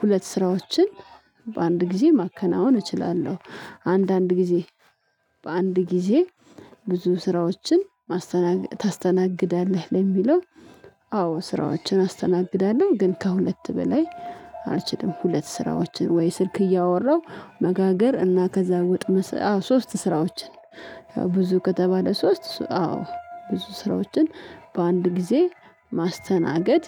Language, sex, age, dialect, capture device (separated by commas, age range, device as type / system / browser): Amharic, female, 25-34, Ethiopia - Addis Ababa, mobile / Linux / Chrome